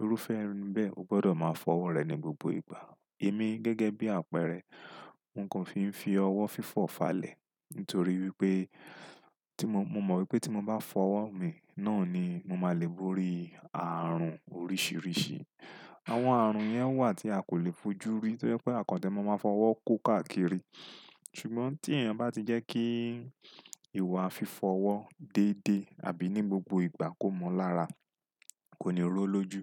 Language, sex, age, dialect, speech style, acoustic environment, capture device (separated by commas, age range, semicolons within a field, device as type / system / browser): Yoruba, male, 35-44, Nigeria - Lagos Yoruba, relaxed; focused, silence, desktop / Windows / Chrome